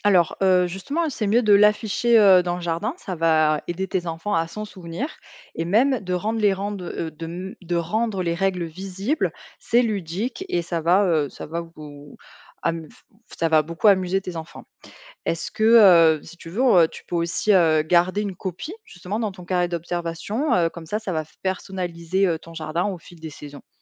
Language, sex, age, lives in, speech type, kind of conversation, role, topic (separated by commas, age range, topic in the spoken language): French, female, 25-29, France, read, expert-assistant, expert, Comment apprendre concrètement à cultiver de façon plus respectueuse de l’environnement ?